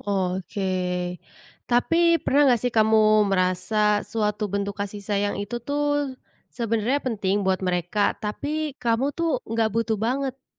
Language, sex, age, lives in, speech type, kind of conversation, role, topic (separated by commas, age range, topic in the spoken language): Indonesian, female, 25-29, Indonesia, conversation, podcast, host, Bagaimana keluargamu biasanya menunjukkan kasih sayang?